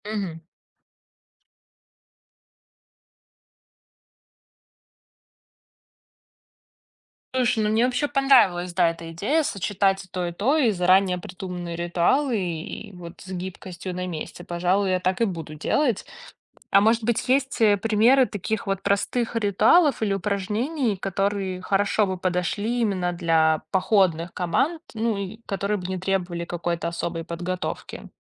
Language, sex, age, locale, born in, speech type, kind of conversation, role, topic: Russian, female, 30-34, ru-RU, Belarus, read, expert-assistant, asker, Можно ли сравнить подготовку к многодневному походу с подготовкой к сложному проекту на работе? Какие есть сходства и различия?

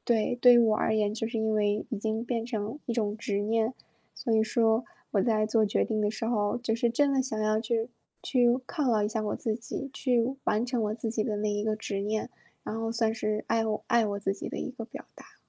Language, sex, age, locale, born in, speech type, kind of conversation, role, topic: Chinese, female, 25-29, zh-CN, China, conversation, podcast, guest, 当你想放弃的时候，是什么在拽着你不放？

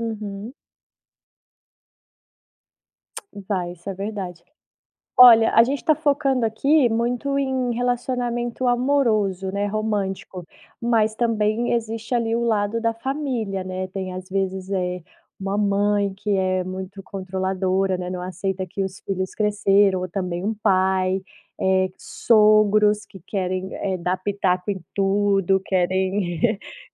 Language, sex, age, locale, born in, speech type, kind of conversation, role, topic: Portuguese, female, 30-34, pt-BR, Brazil, conversation, podcast, host, O que, pra você, é equilíbrio entre união e individualidade?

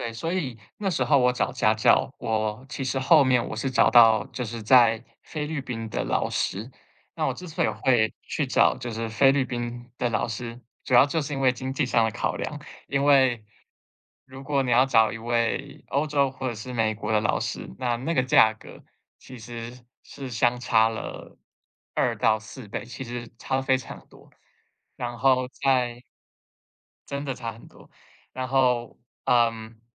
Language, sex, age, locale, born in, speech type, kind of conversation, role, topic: Chinese, male, 25-29, zh-TW, Taiwan, conversation, podcast, guest, 遇到学习挫折时，你通常会怎么应对？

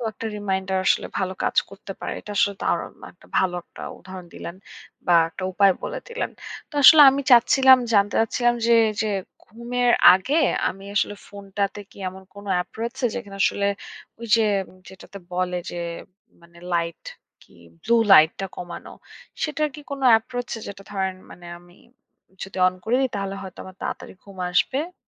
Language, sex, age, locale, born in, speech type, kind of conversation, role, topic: Bengali, female, 25-29, bn-BD, Bangladesh, conversation, advice, user, রাতে ফোনের ব্যবহার কমিয়ে কীভাবে আগে ঘুমানোর অভ্যাস গড়ে তুলতে পারি?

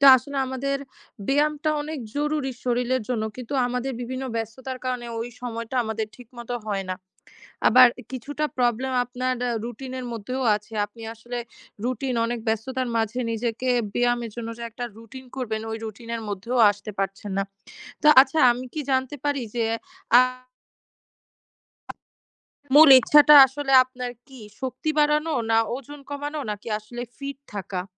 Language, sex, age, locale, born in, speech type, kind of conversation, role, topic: Bengali, female, 20-24, bn-BD, Bangladesh, conversation, advice, advisor, আমি ব্যায়াম শুরু করেছি, কিন্তু নিয়মিত রাখতে পারছি না—আমি কীভাবে এটি বজায় রাখতে পারি?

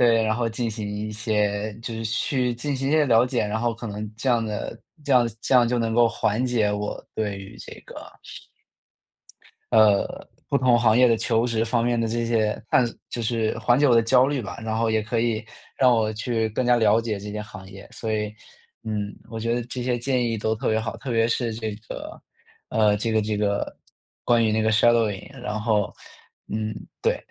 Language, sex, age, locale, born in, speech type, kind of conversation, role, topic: Chinese, male, 25-29, zh-CN, China, conversation, advice, user, 在职业转型时，你的焦虑从何而来，又该如何做出更清晰的决定？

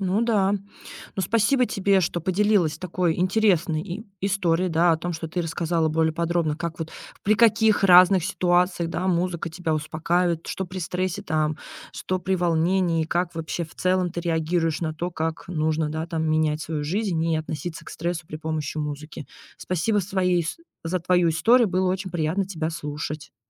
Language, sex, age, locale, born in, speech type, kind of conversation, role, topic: Russian, female, 30-34, ru-RU, Russia, conversation, podcast, host, Как ты используешь музыку, чтобы снизить уровень стресса?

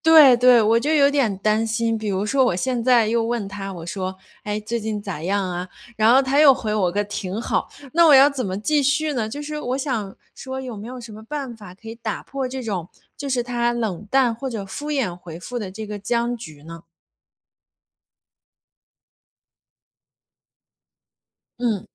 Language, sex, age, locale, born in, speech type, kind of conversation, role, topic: Chinese, female, 30-34, zh-CN, China, conversation, advice, user, 朋友关系渐行渐远，我该怎么挽回或调整？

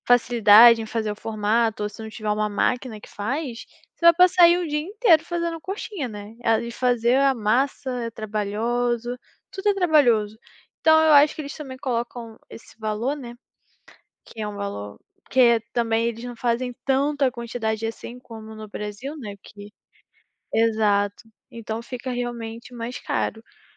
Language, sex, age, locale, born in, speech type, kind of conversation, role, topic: Portuguese, female, 20-24, pt-BR, Brazil, conversation, podcast, guest, O que é comida afetiva para você e por quê?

